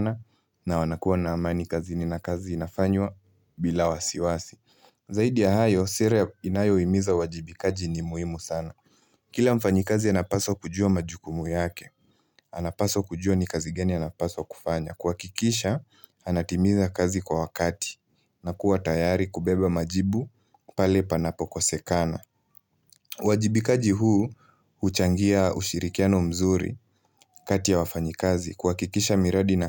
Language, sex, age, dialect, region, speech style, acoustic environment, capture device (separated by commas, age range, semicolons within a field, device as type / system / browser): Swahili, male, 18-24, Kenya - Nairobi Sheng-influenced, Kenya, focused; relaxed, silence, mobile / Linux / Chrome